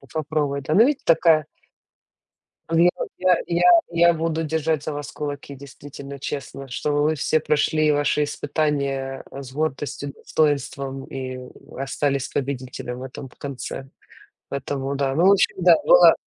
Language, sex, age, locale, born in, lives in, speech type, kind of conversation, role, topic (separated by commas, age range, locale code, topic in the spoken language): Russian, female, 35-39, ru-UA, Ukraine, United States, conversation, unstructured, none, Бывали ли моменты, когда ты чувствовал себя потерянным?